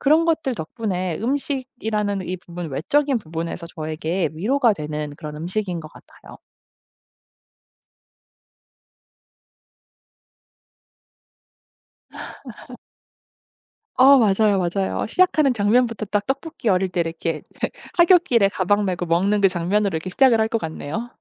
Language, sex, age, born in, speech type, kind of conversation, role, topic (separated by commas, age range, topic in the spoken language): Korean, female, 35-39, South Korea, conversation, podcast, guest, 스트레스를 받을 때 어떤 음식을 먹으면 위로가 되나요?